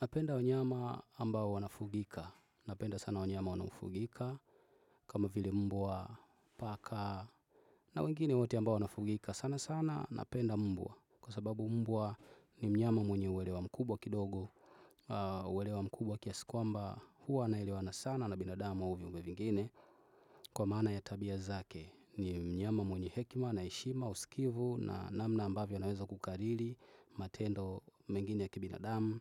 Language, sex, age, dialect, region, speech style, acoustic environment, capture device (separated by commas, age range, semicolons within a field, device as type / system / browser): Swahili, male, 25-34, Tanzania - Dar es Salaam, Tanzania, focused, people talking, desktop / Windows / Chrome